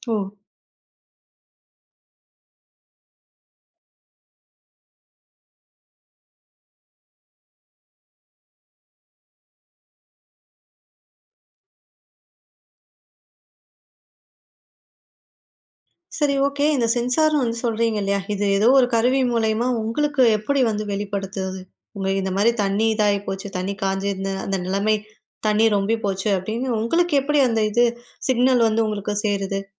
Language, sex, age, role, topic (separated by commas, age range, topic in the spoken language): Tamil, female, 20-24, host, குடும்பத்தினர் உங்கள் தொழிலை எப்படிப் பார்த்தார்கள்?